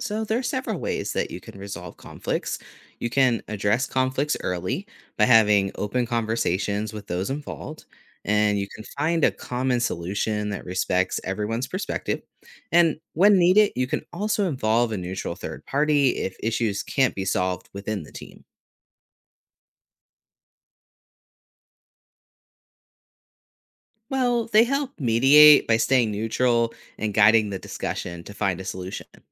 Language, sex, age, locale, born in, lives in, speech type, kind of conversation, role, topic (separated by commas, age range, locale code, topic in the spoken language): English, male, 35-39, en-US, United States, United States, read, expert-assistant, expert, What are some strategies for effective team collaboration?